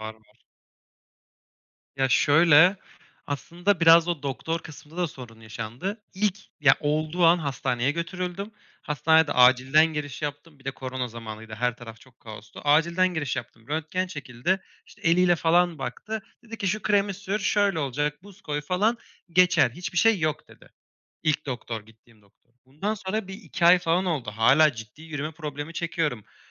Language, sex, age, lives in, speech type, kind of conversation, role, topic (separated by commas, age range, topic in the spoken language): Turkish, male, 25-29, Germany, conversation, advice, user, Sakatlıktan sonra egzersize geri dönmekten neden korkuyor ve kendinize neden güvenemiyorsunuz?